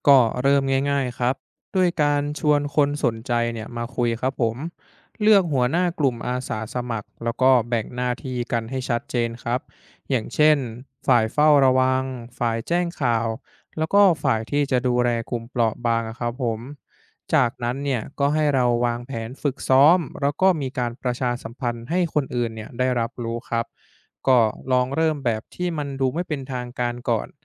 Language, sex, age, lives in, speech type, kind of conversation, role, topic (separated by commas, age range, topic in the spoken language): Thai, male, 20-24, Thailand, read, expert-assistant, expert, ถ้าเราต้องรับมือกับภัยธรรมชาติแบบไม่ทันตั้งตัว จะมีวิธีวางแผนสำรองและเตรียมพร้อมอย่างไรให้ทุกฝ่ายในชุมชนร่วมมือกันได้ดี?